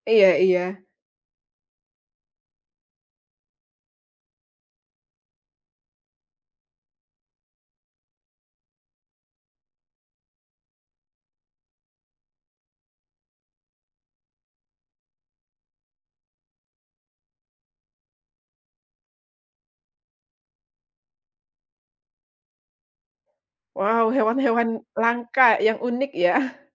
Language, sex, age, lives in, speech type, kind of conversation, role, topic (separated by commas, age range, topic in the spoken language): Indonesian, female, 35-39, Indonesia, conversation, podcast, host, Pernahkah kamu bertemu satwa liar, dan bagaimana ceritanya?